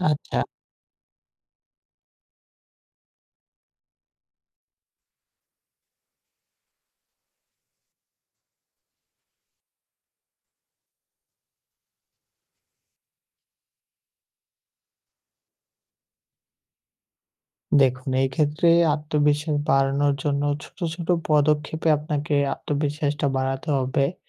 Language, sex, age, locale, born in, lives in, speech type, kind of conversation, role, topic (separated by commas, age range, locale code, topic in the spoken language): Bengali, male, 18-19, bn-BD, Bangladesh, Bangladesh, conversation, advice, advisor, বাইরের চাপের মুখে আমি কীভাবে অটল থাকতে পারি?